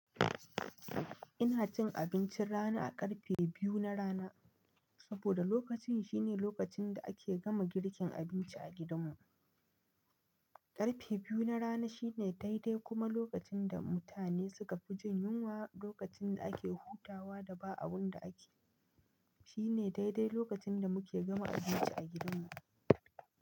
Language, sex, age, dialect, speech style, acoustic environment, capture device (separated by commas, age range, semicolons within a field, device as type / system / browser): Hausa, male, 25-34, Nigeria - Nigerian Standard, tired, silence, mobile / Linux / Chrome